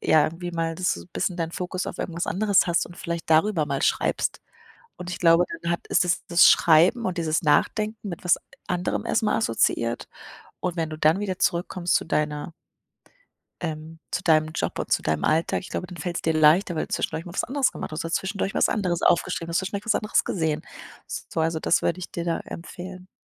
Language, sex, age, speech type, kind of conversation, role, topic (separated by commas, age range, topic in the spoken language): German, female, 25-29, conversation, advice, advisor, Wie kann ein Ortswechsel dir helfen, eine kreative Blockade zu lösen?